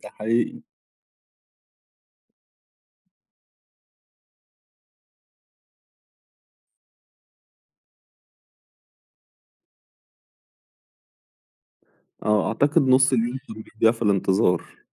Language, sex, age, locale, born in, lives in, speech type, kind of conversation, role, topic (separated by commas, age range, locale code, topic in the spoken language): Arabic, male, 30-34, ar-EG, Egypt, Egypt, conversation, unstructured, none, إيه رأيك في تأثير التكنولوجيا على تواصل الناس مع بعض؟